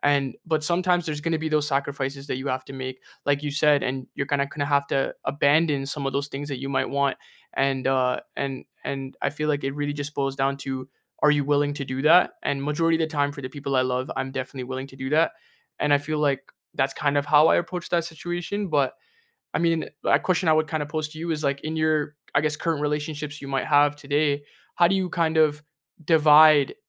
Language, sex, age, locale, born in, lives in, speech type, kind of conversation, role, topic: English, male, 20-24, en-CA, Canada, Canada, conversation, unstructured, none, How can you create fair, flexible relationship roles and expectations that strengthen your connection today?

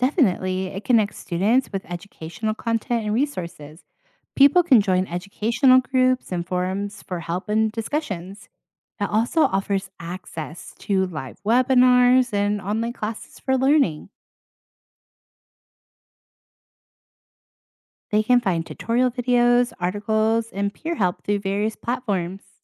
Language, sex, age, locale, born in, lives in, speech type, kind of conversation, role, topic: English, female, 30-34, en-US, United States, United States, read, expert-assistant, expert, What are the risks and benefits of social media?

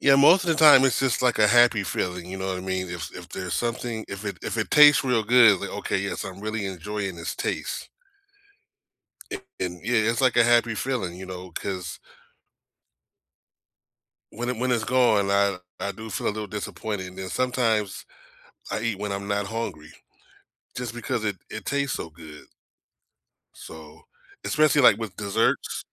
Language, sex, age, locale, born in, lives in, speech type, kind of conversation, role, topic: English, male, 45-49, en-US, United States, United States, conversation, unstructured, none, What is your favorite comfort food, and why?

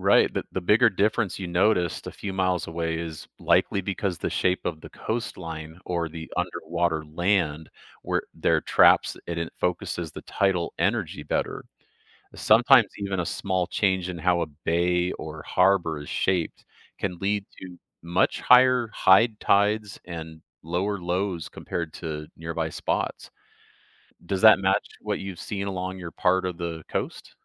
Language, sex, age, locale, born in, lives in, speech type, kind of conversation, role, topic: English, male, 45-49, en-US, Canada, United States, read, expert-assistant, expert, What causes tides in the ocean?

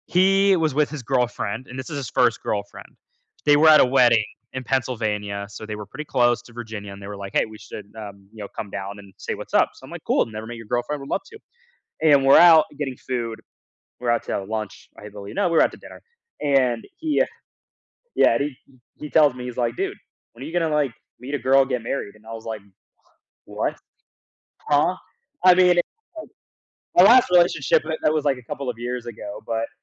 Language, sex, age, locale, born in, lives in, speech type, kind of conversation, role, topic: English, male, 20-24, en-US, United States, United States, conversation, podcast, guest, What helps you feel confident when making important career choices?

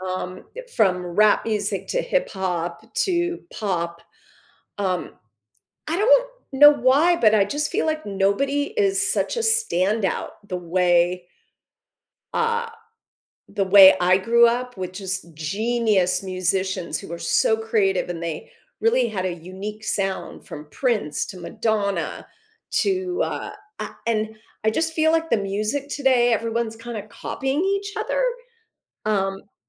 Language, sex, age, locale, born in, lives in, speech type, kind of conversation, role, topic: English, female, 55-59, en-US, United States, United States, conversation, podcast, guest, How can a hobby positively impact someone's life and well-being?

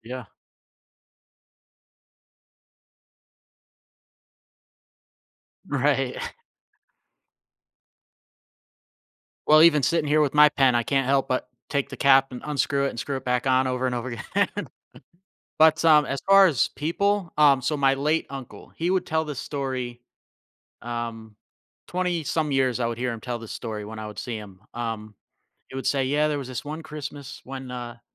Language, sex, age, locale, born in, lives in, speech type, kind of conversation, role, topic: English, male, 35-39, en-US, United States, United States, conversation, podcast, guest, How did your childhood experiences shape your passion for learning?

